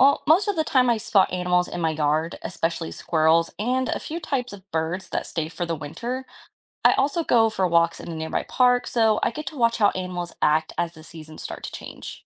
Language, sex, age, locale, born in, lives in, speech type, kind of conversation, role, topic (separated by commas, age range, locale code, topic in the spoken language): English, female, 25-29, en-US, United States, United States, read, expert-assistant, asker, How do seasons influence animal migration and behavior?